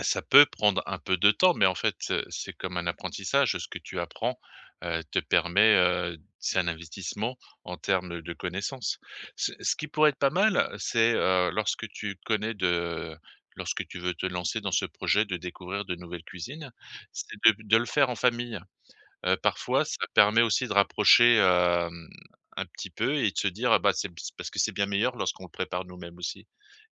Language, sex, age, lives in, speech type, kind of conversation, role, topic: French, male, 50-54, France, conversation, advice, advisor, Je me sens débordé par la préparation des repas chaque semaine : comment mieux m’organiser ?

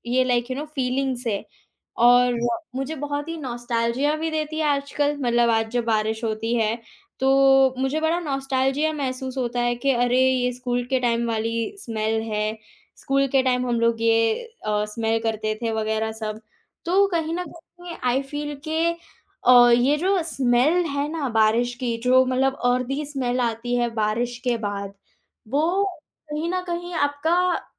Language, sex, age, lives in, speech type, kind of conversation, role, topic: Hindi, female, 18-19, India, conversation, podcast, guest, Kaunsi baarish ya geeli mitti ki khushboo tumhe nostalgic bana deti hai?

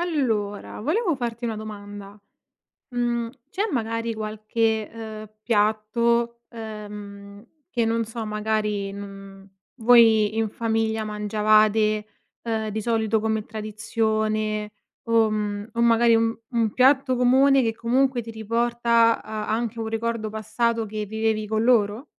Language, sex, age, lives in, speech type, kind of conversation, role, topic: Italian, female, 25-29, Italy, conversation, podcast, host, Qual è un cibo di famiglia che ti riporta subito a casa?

